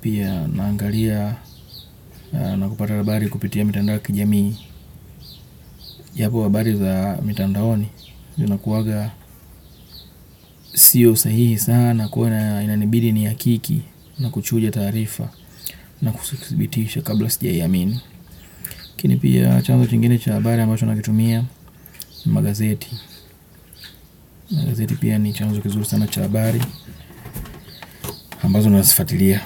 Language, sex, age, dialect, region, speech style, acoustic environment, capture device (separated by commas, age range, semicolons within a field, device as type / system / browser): Swahili, male, 25-34, Kenya - Nairobi Sheng-influenced, Kenya, focused; happy, nature; people talking, desktop / Windows / Chrome